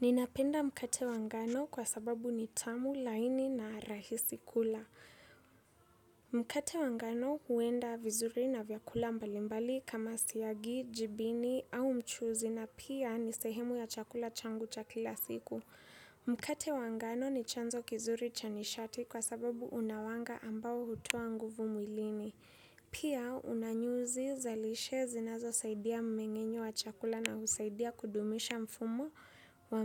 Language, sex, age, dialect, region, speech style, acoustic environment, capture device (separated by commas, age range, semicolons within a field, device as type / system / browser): Swahili, female, 18-24, Kenya - Nairobi Sheng-influenced, Kenya, happy; focused; excited; relaxed, silence, desktop / Windows / Chrome